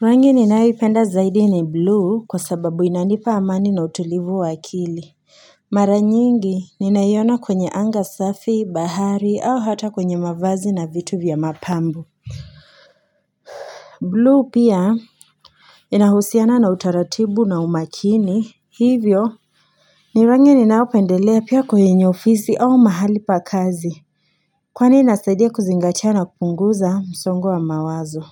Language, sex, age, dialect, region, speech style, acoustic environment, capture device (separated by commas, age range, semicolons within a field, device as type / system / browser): Swahili, female, 18-24, Kenya - Nairobi Sheng-influenced, Kenya, anxious, silence, mobile / Linux / Chrome